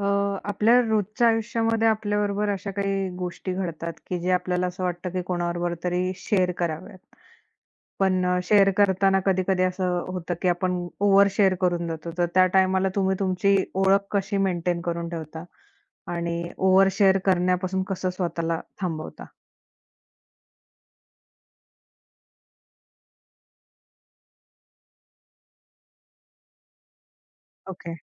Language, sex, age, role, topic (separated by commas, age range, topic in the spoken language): Marathi, female, 25-29, host, शेअर करताना स्वतःची अस्सल ओळख कशी राखतोस?